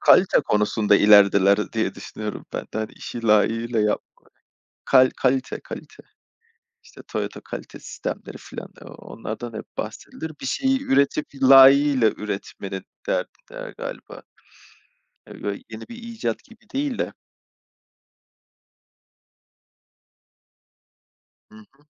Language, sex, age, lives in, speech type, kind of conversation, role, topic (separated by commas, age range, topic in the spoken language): Turkish, male, 35-39, Poland, conversation, unstructured, none, Gelecekte hangi teknolojik icat seni en çok şaşırtır?